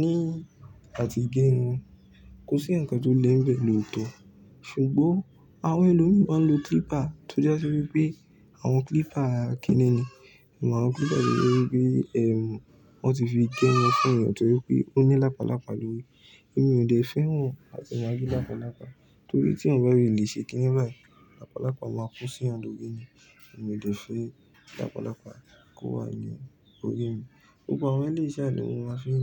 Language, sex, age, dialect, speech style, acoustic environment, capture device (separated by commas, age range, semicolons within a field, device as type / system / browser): Yoruba, male, 18-24, Nigeria - Ibadan, relaxed, silence, mobile / Linux / Chrome